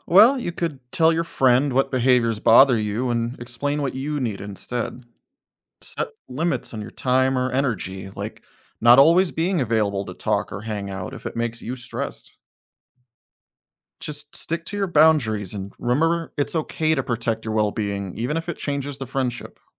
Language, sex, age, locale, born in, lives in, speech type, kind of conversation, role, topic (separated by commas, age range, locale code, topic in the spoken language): English, male, 35-39, en-US, United States, United States, read, expert-assistant, expert, How can we make healthy friendships?